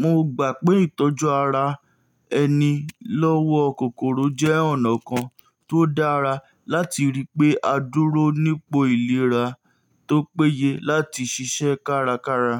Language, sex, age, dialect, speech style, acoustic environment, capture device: Yoruba, male, 18-24, Nigeria - Nigerian Standard, focused, nature, mobile / Linux / Chrome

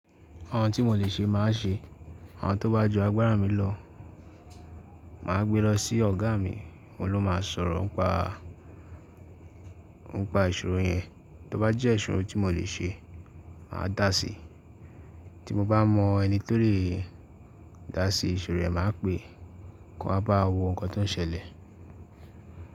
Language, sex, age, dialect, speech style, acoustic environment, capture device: Yoruba, male, 18-24, Nigeria - Ibadan, focused, silence, mobile / Linux / Chrome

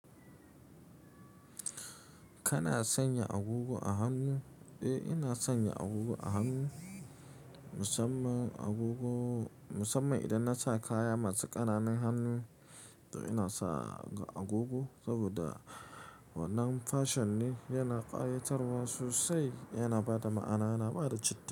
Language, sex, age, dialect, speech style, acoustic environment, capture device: Hausa, male, 25-34, Nigeria - Nigerian Standard, happy, silence, mobile / Linux / Chrome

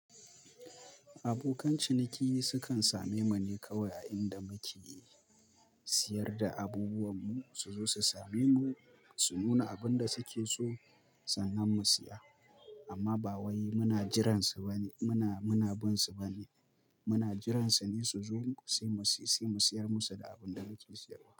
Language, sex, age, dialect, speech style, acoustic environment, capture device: Hausa, male, 25-34, Nigeria - Kano, focused, appliances, mobile / Linux / Chrome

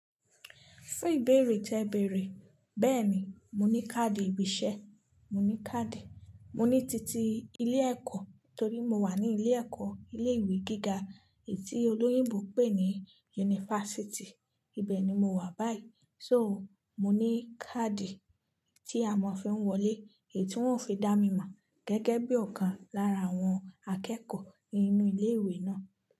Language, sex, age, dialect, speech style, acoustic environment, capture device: Yoruba, female, 25-34, Nigeria - Nigerian Standard, happy, silence, mobile / Linux / Chrome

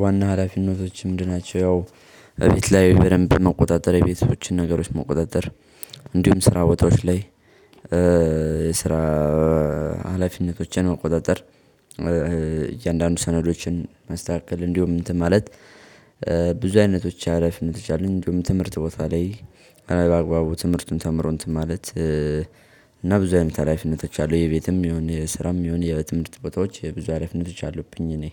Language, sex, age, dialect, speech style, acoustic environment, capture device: Amharic, male, 18-24, Ethiopia - Gojjam, happy, silence, mobile / Linux / Chrome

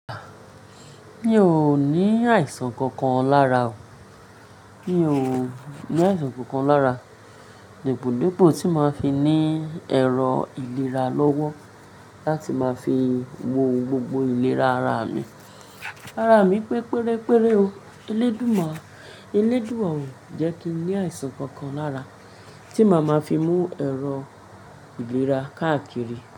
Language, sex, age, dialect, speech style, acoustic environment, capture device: Yoruba, female, 35-44, Nigeria - Lagos Yoruba, happy, silence, mobile / Linux / Chrome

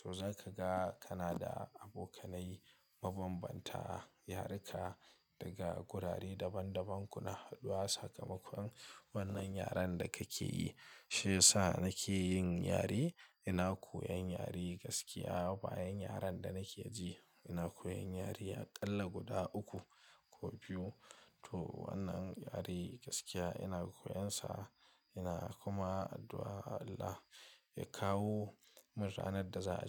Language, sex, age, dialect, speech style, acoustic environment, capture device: Hausa, male, 25-34, Nigeria - Kano, relaxed; stressed, silence, mobile / Linux / Chrome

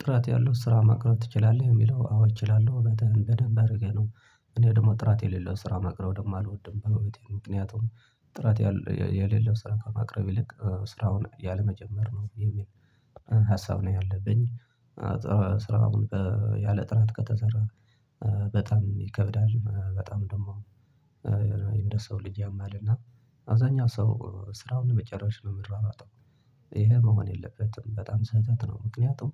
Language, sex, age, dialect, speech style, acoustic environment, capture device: Amharic, male, 25-34, Ethiopia - Tigray, happy; relaxed; focused, silence, desktop / Linux / Chrome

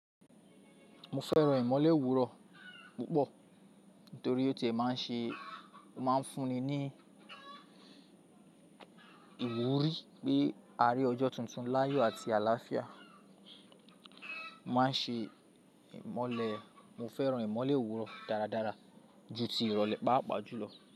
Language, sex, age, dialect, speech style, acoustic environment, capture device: Yoruba, male, 25-34, Nigeria - Lagos Yoruba, focused, people talking; music, mobile / Linux / Chrome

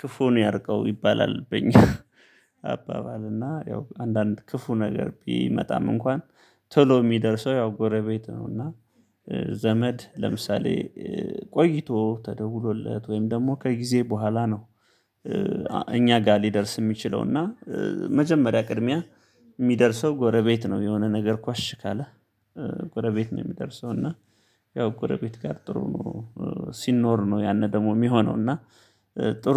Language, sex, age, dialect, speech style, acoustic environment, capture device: Amharic, male, 35-44, Ethiopia - Gondar, focused, people talking, mobile / Linux / Chrome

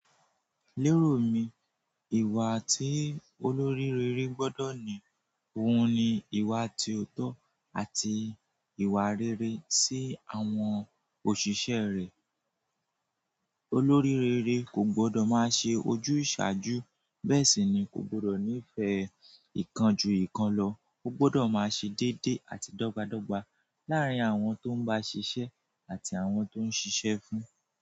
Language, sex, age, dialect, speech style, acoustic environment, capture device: Yoruba, male, 18-24, Nigeria - Nigerian Standard, relaxed; focused, nature, mobile / Android / Chrome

